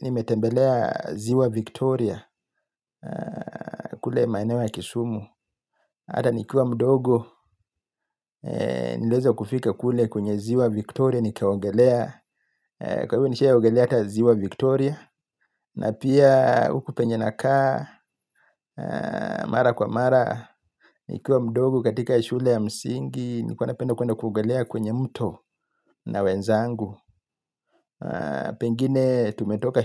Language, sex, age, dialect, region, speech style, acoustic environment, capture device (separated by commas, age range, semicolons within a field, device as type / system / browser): Swahili, male, 35-44, Kenya - Mombasa, Kenya, happy, silence, mobile / Linux / Chrome